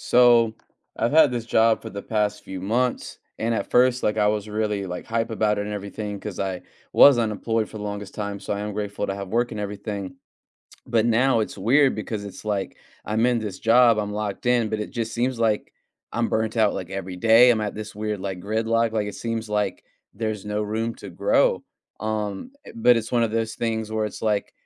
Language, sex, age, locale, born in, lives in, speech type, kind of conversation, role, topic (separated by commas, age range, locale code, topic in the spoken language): English, male, 30-34, en-US, United States, United States, conversation, advice, user, How can I move my career forward when I'm stuck in a job with no room to grow?